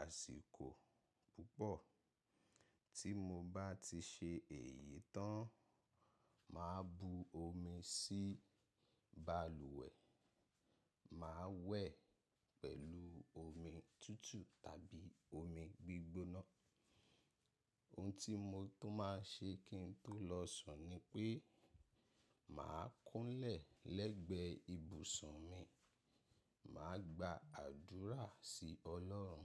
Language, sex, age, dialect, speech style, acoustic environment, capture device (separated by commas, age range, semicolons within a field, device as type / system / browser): Yoruba, male, 25-34, Nigeria - Ibadan, focused; happy, nature; silence, mobile / macOS / Safari